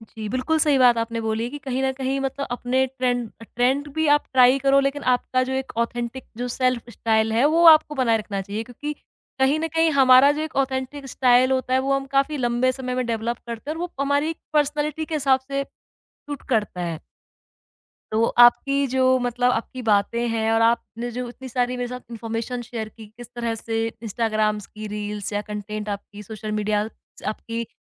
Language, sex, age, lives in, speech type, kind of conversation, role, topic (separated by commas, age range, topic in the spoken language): Hindi, female, 25-29, India, conversation, podcast, host, Instagram aur reels tumhare style choices ko kaise shape karti hain?